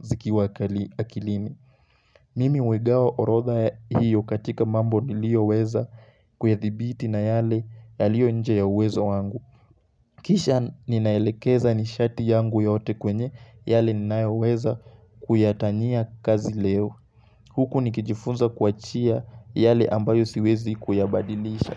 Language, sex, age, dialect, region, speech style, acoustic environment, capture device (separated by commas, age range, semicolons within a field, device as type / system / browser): Swahili, male, 18-24, Kenya - Mombasa, Kenya, excited, nature, mobile / Linux / Chrome